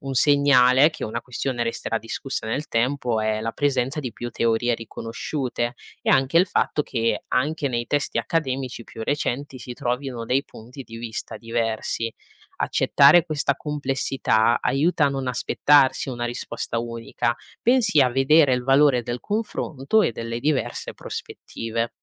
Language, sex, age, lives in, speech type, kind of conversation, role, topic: Italian, female, 20-24, Italy, read, expert-assistant, expert, Come si possono riconoscere le fonti religiose affidabili su Internet?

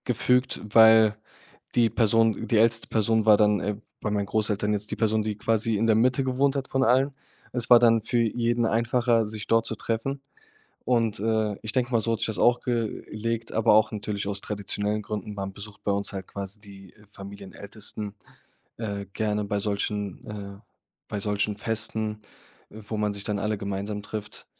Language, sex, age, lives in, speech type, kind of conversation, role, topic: German, male, 25-29, Germany, conversation, podcast, guest, Welche Familientradition wurde in deiner Familie über Generationen weitergegeben?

